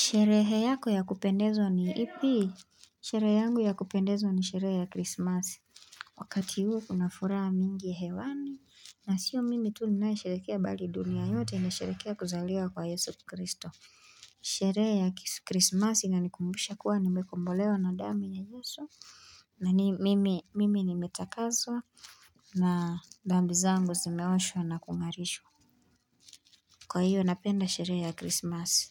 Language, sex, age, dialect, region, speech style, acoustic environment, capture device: Swahili, female, 18-24, Kenya - Nairobi Sheng-influenced, Kenya, relaxed, silence, mobile / Linux / Chrome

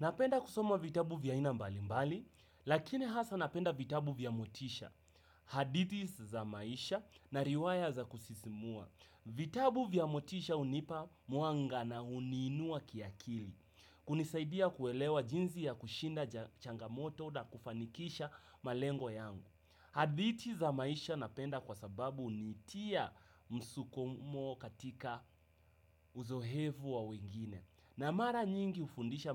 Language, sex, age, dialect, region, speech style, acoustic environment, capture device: Swahili, male, 18-24, Kenya - Nairobi Sheng-influenced, Kenya, happy, music; nature; silence; traffic; people talking; construction; appliances, desktop / Windows / Chrome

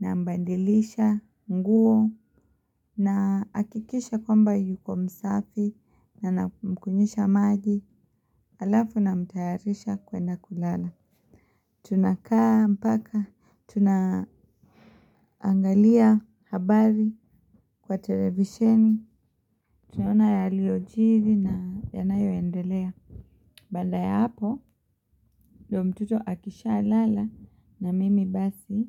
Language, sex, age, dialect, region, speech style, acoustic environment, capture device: Swahili, female, 25-34, Kenya - Mombasa, Kenya, focused, silence, mobile / Linux / Chrome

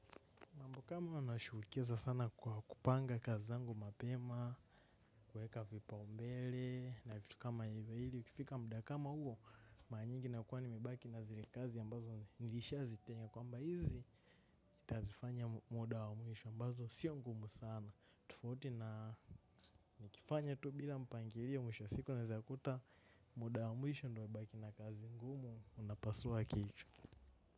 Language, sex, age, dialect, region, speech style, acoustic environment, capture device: Swahili, male, 18-24, Kenya - Nairobi Sheng-influenced, Kenya, relaxed, silence, mobile / macOS / Safari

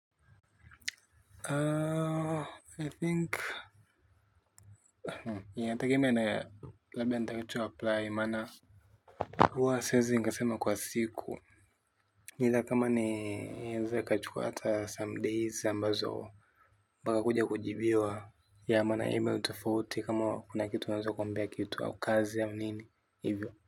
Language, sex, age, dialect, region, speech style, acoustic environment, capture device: Swahili, male, 18-24, Tanzania - Dar es Salaam, Tanzania, happy; relaxed; excited; focused, silence, mobile / Linux / Chrome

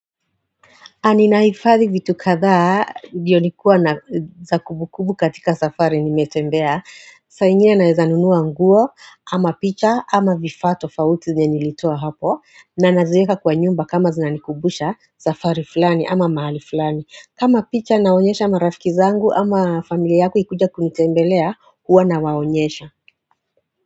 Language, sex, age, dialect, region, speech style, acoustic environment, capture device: Swahili, female, 35-44, Kenya - Nairobi Sheng-influenced, Kenya, happy, people talking, mobile / Android / Chrome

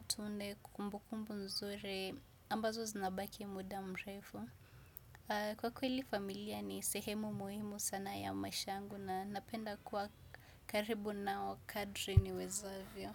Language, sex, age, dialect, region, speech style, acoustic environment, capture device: Swahili, female, 25-34, Kenya - Nairobi Sheng-influenced, Kenya, relaxed, music; silence, desktop / Windows / Chrome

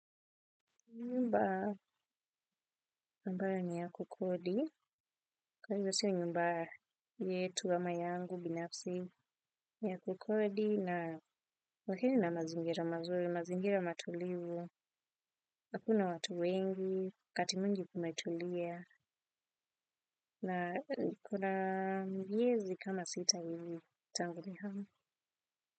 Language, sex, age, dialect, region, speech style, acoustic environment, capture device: Swahili, female, 25-34, Kenya - Nairobi Sheng-influenced, Kenya, relaxed, silence, desktop / Windows / Firefox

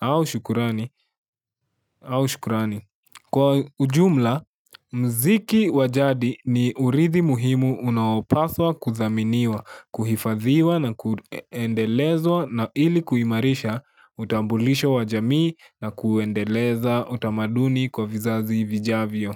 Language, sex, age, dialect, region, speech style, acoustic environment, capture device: Swahili, male, 18-24, Kenya - Nairobi Sheng-influenced, Kenya, focused; relaxed, silence, mobile / Linux / Chrome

